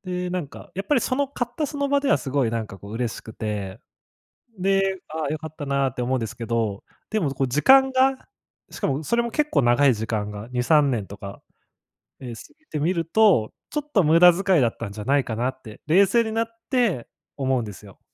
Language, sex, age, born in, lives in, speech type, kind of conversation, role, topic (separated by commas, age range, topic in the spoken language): Japanese, male, 25-29, Japan, Japan, conversation, advice, user, 衝動買いを減らすために自己制御をどう身につければいいですか？